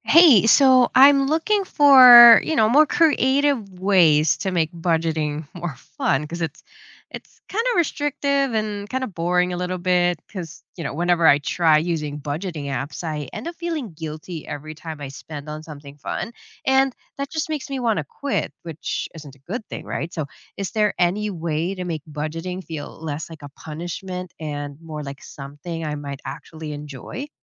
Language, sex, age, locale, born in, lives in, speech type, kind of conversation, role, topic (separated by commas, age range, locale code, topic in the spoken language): English, female, 30-34, en-US, Philippines, United States, read, expert-assistant, asker, How can I make budgeting feel less restrictive and more enjoyable in everyday life?